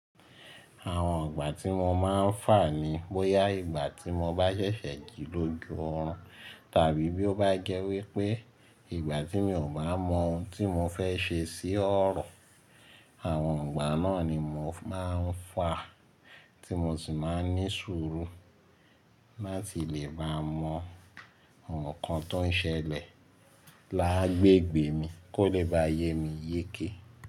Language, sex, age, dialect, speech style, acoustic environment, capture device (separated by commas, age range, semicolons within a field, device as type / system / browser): Yoruba, male, 25-34, Nigeria - Lagos Yoruba, excited, silence, mobile / Linux / Chrome